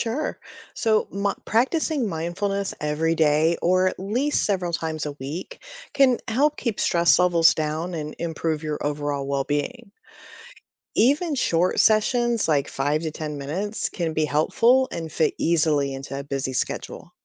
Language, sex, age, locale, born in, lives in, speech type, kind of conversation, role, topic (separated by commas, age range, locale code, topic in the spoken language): English, female, 45-49, en-US, United States, United States, read, expert-assistant, expert, How does mindfulness practice affect stress and well-being?